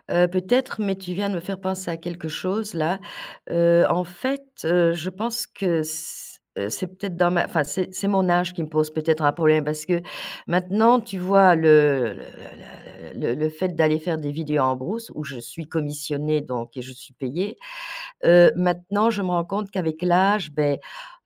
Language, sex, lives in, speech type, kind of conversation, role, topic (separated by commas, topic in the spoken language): French, female, France, conversation, advice, user, Comment est-ce que je me sabote quand une opportunité professionnelle se présente ?